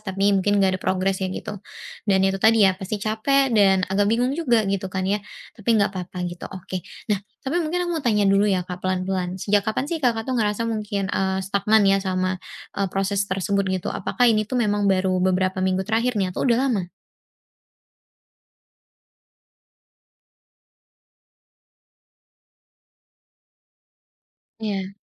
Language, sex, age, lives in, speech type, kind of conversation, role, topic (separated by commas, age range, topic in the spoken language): Indonesian, female, 20-24, Indonesia, conversation, advice, advisor, Bagaimana saya bisa memperbaiki nutrisi dan pemulihan agar progres latihan tetap berkelanjutan?